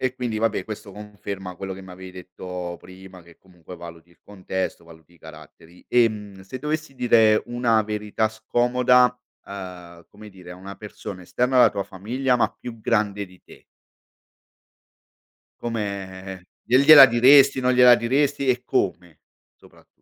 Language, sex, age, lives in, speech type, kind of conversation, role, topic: Italian, male, 45-49, Italy, conversation, podcast, host, Come capisci quando è il momento giusto di dire la verità, anche se è scomoda?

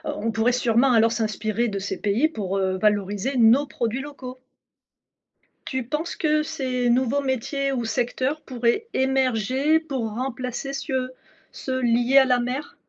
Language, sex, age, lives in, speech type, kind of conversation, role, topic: French, female, 50-54, France, read, expert-assistant, asker, Si l’océan Atlantique disparaissait du jour au lendemain, à quoi ressemblerait notre vie en France ?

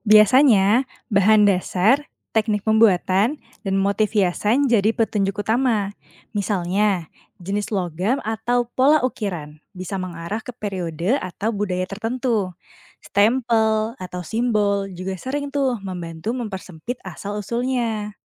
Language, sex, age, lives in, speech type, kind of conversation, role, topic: Indonesian, female, 30-34, Indonesia, read, expert-assistant, expert, Bagaimana cara mengidentifikasi dan menelusuri asal-usul benda kuno di museum?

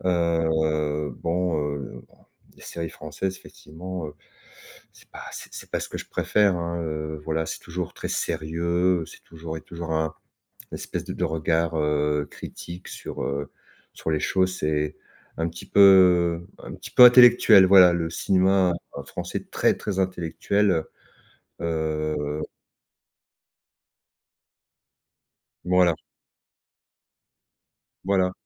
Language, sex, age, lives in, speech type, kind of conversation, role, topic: French, male, 50-54, France, conversation, podcast, guest, Quelle est ta série préférée, et pourquoi te parle-t-elle ?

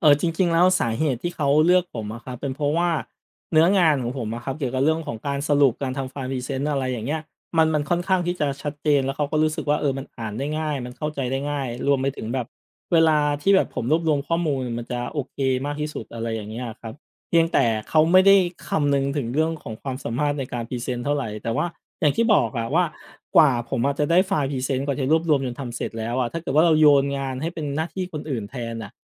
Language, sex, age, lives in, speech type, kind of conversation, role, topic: Thai, male, 35-39, Thailand, conversation, advice, user, คุณมักมีอาการวิตกกังวลก่อนการนำเสนอหรืองานสำคัญอย่างไรบ้าง?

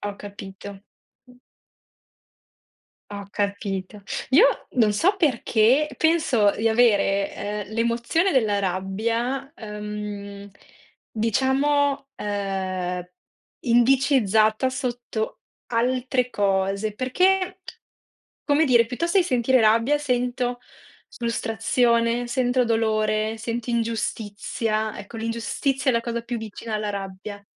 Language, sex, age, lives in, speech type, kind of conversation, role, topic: Italian, female, 30-34, Italy, conversation, unstructured, none, Qual è la cosa che ti fa arrabbiare di più nella vita quotidiana?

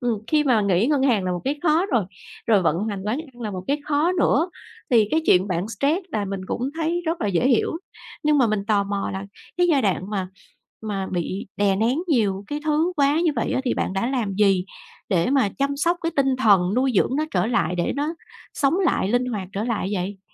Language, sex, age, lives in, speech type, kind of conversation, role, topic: Vietnamese, female, 40-44, Vietnam, conversation, podcast, host, Bạn có thể kể về lần bạn đã vượt qua một khó khăn lớn không?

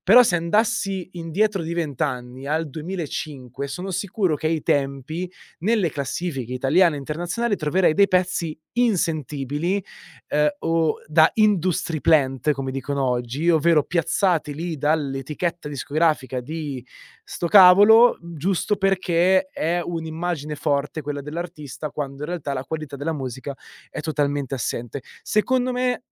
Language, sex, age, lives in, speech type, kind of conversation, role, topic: Italian, male, 25-29, Italy, conversation, podcast, guest, Come è cambiato il tuo gusto musicale negli anni?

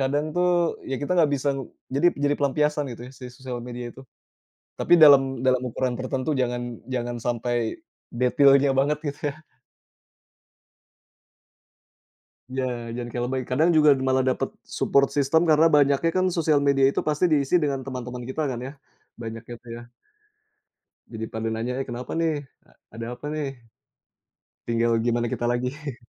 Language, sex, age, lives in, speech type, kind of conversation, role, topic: Indonesian, male, 35-39, Indonesia, conversation, unstructured, none, Bagaimana cara kamu mengekspresikan diri dalam kehidupan sehari-hari?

